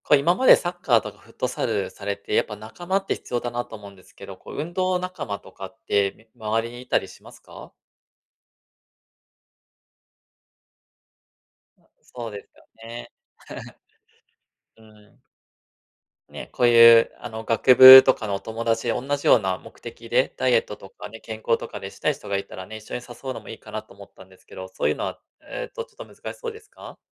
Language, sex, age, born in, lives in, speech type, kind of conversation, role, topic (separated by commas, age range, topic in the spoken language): Japanese, male, 35-39, Japan, Japan, conversation, advice, advisor, 運動のモチベーションが下がったとき、どうすれば回復できますか？